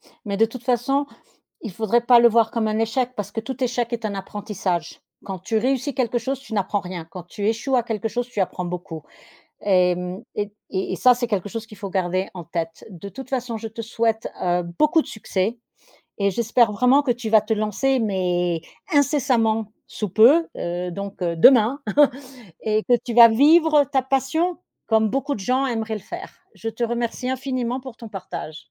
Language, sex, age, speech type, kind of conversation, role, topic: French, female, 50-54, conversation, podcast, host, Quel petit projet lancerais-tu pour te remettre en selle ?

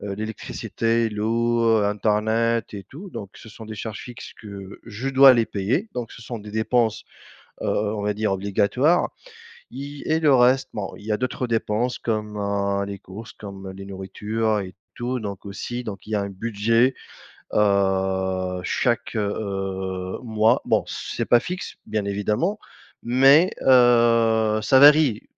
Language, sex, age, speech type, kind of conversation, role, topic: French, male, 35-39, conversation, unstructured, none, Comment gérez-vous votre budget chaque mois ?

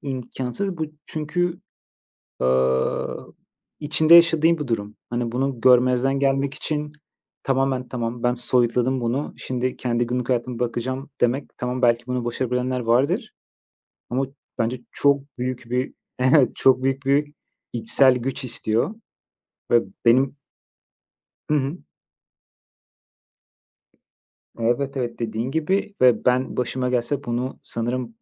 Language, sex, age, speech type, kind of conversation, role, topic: Turkish, male, 30-34, conversation, unstructured, none, İş yerinde mobbing neden bu kadar sık görülüyor?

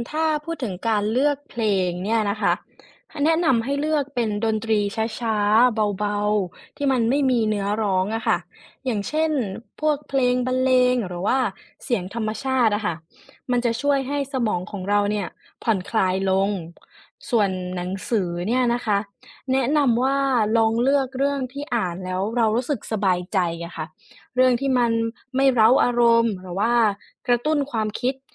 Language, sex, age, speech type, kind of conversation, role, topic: Thai, female, 20-24, read, expert-assistant, expert, ผลของการใช้โทรศัพท์มือถือก่อนนอนต่อคุณภาพการนอนหลับ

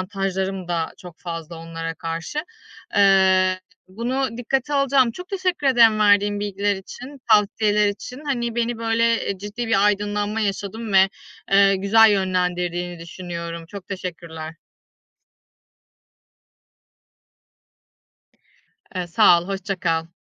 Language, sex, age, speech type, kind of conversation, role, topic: Turkish, female, 35-39, conversation, advice, user, Belirli bir yaşa gelince “başarmış olman” gerektiği beklentisi sende nasıl bir baskı yaratıyor?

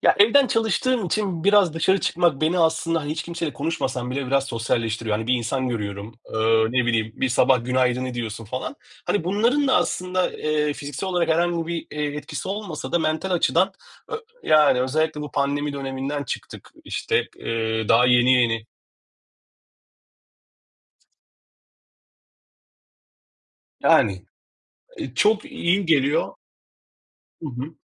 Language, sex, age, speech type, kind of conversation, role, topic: Turkish, male, 40-44, conversation, unstructured, none, Sağlıklı bir yaşam için egzersiz yapmamak ne gibi riskler yaratır?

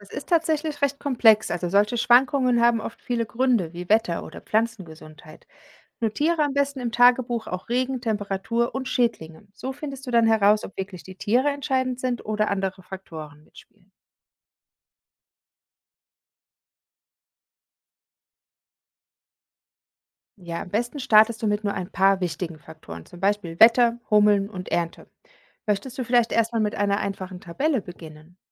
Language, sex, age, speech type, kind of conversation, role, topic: German, female, 40-44, read, expert-assistant, expert, Was hilft mir dabei, echte Zusammenhänge in der Natur zu entdecken, statt nur einzelne Infos zu sammeln?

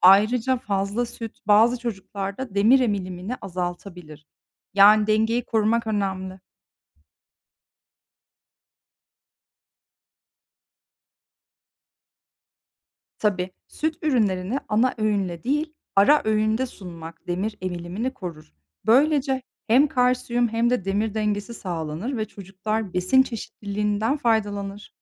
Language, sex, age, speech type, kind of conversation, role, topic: Turkish, female, 30-34, read, expert-assistant, expert, Süt ürünlerinin sağlıklı olup olmadığı konusunda herkesin söylediği şeyler doğru mu? Gerçekten zararlı mı, yoksa bu bir şehir efsanesi mi?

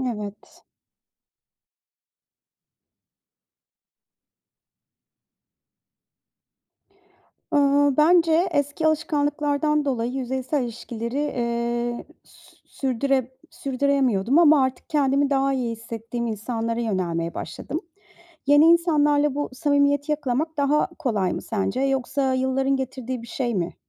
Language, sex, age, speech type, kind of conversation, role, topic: Turkish, female, 40-44, read, expert-assistant, asker, Samimi arkadaş nedir? Gerçek dostluğu sıradan arkadaşlıktan ayıran özellikler nelerdir?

